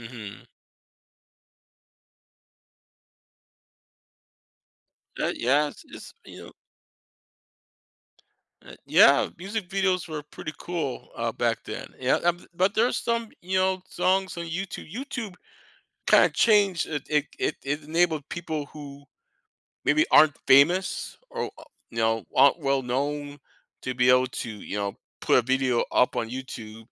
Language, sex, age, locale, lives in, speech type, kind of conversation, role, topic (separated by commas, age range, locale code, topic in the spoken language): English, male, 50-54, en-US, United States, conversation, podcast, host, Why does music have such a powerful effect on our feelings and moods?